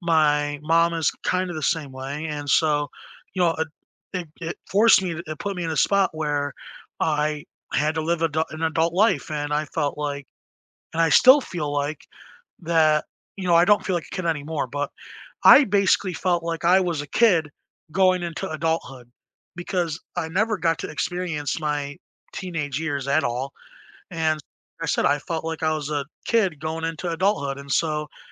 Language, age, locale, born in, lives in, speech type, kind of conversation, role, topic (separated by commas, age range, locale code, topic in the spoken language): English, 20-24, en-US, United States, United States, conversation, unstructured, none, When do you feel happiest being exactly who you are?